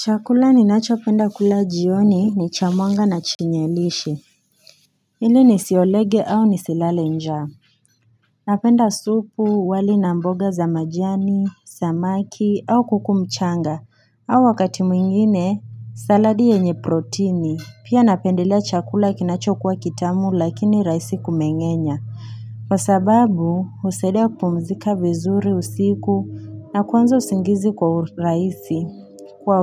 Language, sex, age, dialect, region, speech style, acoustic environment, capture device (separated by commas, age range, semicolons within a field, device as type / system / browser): Swahili, female, 18-24, Kenya - Nairobi Sheng-influenced, Kenya, anxious, silence, mobile / Linux / Chrome